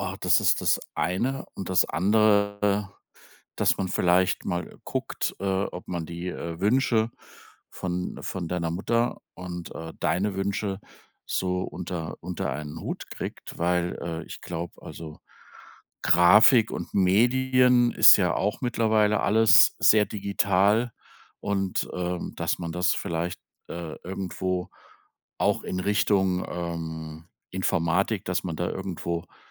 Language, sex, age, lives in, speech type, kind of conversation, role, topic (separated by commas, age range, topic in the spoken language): German, male, 55-59, Germany, conversation, advice, advisor, Wie gehst du mit dem Druck um, den Beruf oder die Karriere zu wählen, die deine Familie von dir erwartet?